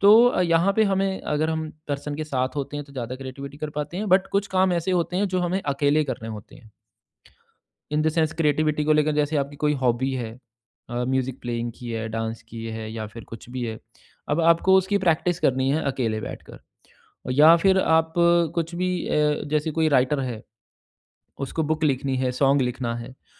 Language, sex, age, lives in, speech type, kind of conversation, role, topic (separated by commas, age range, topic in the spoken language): Hindi, male, 35-39, India, conversation, podcast, guest, Tumhe creative flow mein sabse zyada productivity kab feel hoti hai?